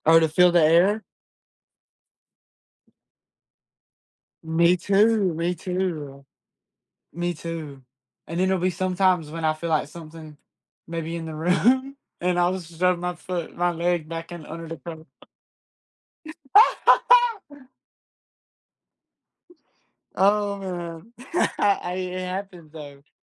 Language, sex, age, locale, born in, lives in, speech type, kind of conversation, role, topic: English, male, 20-24, en-US, United States, United States, conversation, unstructured, none, What is your go-to way to unwind after a busy day?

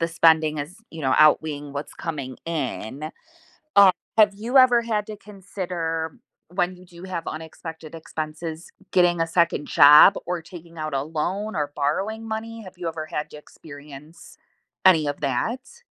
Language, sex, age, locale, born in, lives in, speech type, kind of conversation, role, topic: English, female, 40-44, en-US, United States, United States, conversation, podcast, host, How can creating a budget help you manage your money and achieve your financial goals?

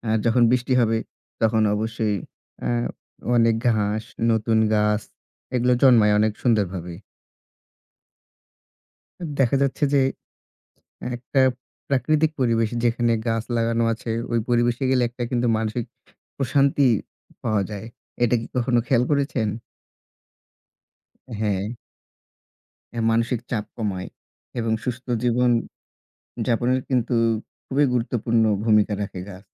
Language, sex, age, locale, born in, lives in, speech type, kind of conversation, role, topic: Bengali, male, 25-29, bn-BD, Bangladesh, Bangladesh, conversation, unstructured, none, গাছ লাগানো কেন আমাদের জন্য জরুরি?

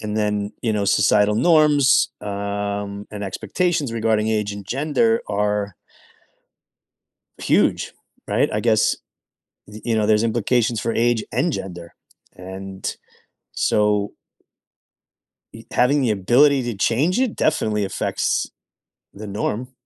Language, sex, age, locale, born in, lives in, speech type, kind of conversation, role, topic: English, male, 45-49, en-US, United States, United States, conversation, unstructured, none, Would you rather be able to change your age at will or change your gender at will?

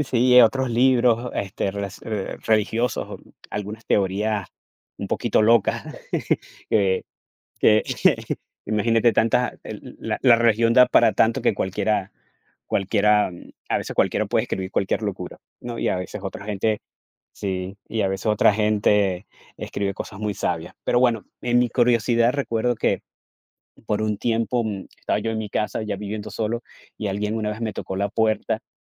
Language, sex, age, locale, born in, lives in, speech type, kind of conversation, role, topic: Spanish, male, 45-49, es-VE, Venezuela, United States, conversation, unstructured, none, ¿Crees que la religión une o divide a las personas?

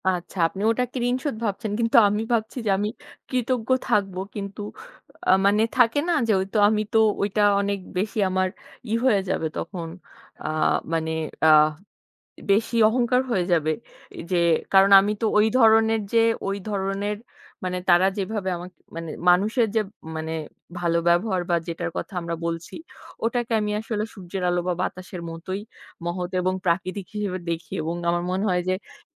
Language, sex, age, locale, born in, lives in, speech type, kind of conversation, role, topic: Bengali, female, 25-29, bn-BD, Bangladesh, Bangladesh, conversation, unstructured, none, আপনি কীভাবে আপনার ঋণ পরিশোধের কৌশল তৈরি করেন?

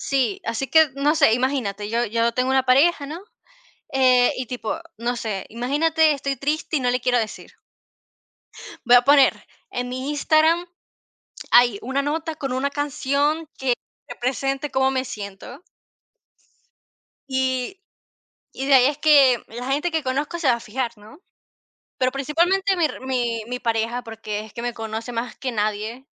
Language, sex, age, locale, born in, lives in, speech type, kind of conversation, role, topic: Spanish, female, 50-54, es-CL, Venezuela, Portugal, conversation, unstructured, none, ¿Qué papel juega la música en tu forma de expresarte?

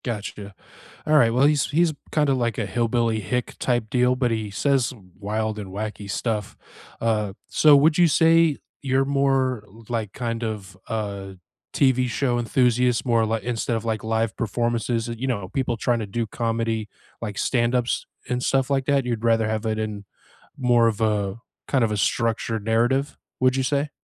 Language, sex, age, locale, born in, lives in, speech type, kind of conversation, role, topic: English, male, 35-39, en-US, United States, United States, conversation, unstructured, none, What was the last thing that genuinely made you laugh?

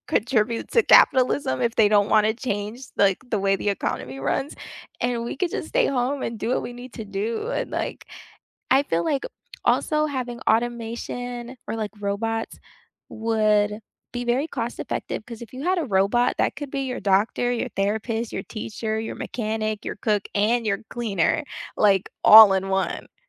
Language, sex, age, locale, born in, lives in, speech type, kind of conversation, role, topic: English, female, 25-29, en-US, United States, United States, conversation, unstructured, none, Should companies replace routine jobs with automation to stay competitive, or protect and invest in human employees?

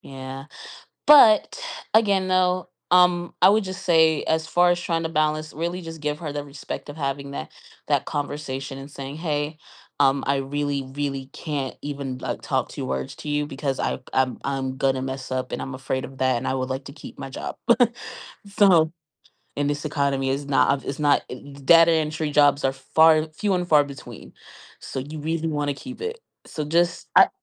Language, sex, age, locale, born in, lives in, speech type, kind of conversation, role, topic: English, female, 25-29, en-US, United States, United States, conversation, advice, advisor, How can I balance work and family time?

